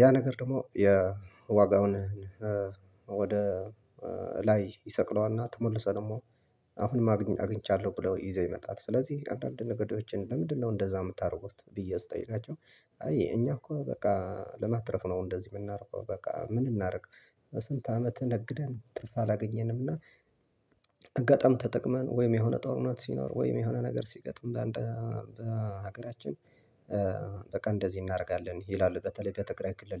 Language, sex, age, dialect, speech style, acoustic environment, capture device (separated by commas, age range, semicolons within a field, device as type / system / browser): Amharic, male, 25-34, Ethiopia - Tigray, happy; relaxed; focused, silence, desktop / Linux / Chrome